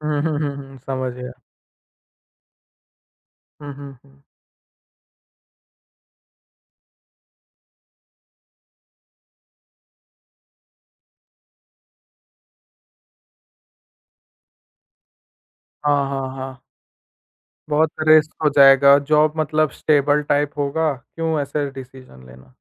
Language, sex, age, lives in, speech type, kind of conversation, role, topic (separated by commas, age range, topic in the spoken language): Hindi, male, 18-19, India, conversation, podcast, host, Stable job aur bade sapne ke beech sahi chunaav kaise karte hain?